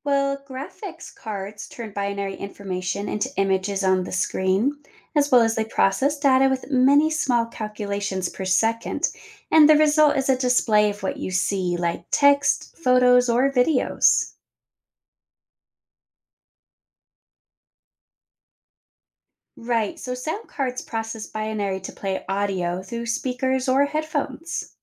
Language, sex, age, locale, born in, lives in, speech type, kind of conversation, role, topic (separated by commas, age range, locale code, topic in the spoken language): English, female, 30-34, en-US, United States, United States, read, expert-assistant, expert, Can you explain the basics of how a computer processes information?